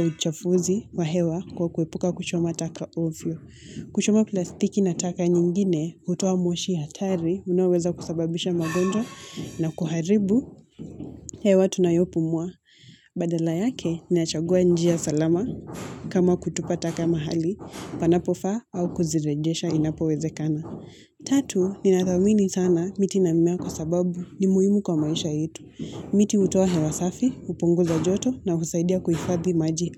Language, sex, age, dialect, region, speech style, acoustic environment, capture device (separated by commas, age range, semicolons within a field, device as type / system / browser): Swahili, female, 18-24, Kenya - Mombasa, Kenya, relaxed; focused; anxious, people talking; nature; construction, mobile / Linux / Chrome